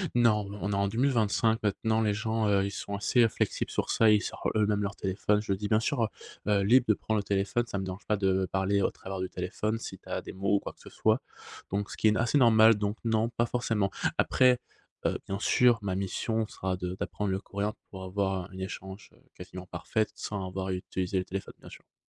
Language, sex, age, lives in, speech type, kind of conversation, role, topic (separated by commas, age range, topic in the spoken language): French, male, 30-34, Spain, conversation, podcast, guest, Comment gères-tu la solitude lorsque tu voyages ?